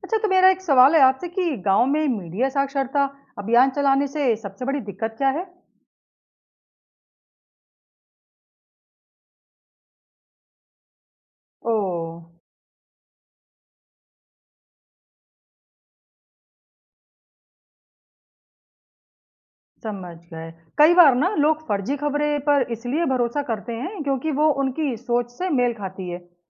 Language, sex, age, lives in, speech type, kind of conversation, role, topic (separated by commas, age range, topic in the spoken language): Hindi, female, 35-39, India, read, expert-assistant, asker, समाचार मीडिया में फर्जी खबरें फैलने के पीछे क्या मुख्य कारण होते हैं?